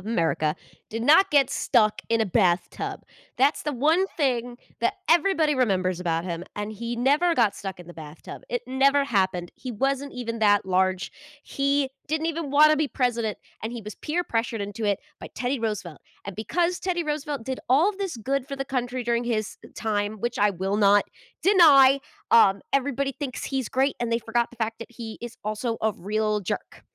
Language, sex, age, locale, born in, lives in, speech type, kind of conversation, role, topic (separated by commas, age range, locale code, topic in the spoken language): English, female, 25-29, en-US, United States, United States, conversation, podcast, guest, Why do so many people believe myths about major moments in history?